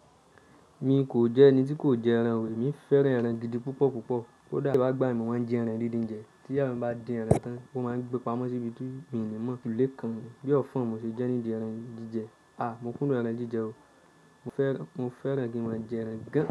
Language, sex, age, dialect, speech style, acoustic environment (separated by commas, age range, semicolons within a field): Yoruba, male, 25-34, Nigeria - Nigerian Standard, relaxed; focused, silence